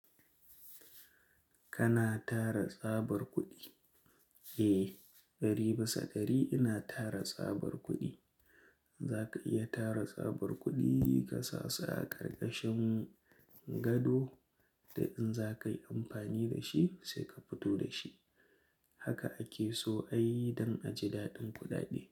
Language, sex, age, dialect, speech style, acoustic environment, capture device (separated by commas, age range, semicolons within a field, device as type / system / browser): Hausa, male, 25-34, Nigeria - Kano, relaxed; focused, silence, mobile / Linux / Chrome